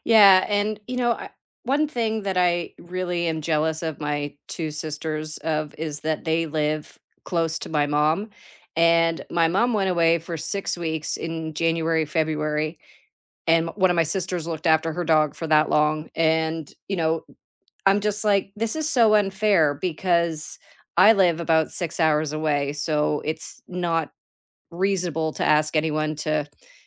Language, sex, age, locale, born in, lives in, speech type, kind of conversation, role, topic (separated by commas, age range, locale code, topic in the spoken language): English, female, 50-54, en-CA, Canada, United States, conversation, unstructured, none, Which adorable pet quirks never fail to make you smile, and what makes them special?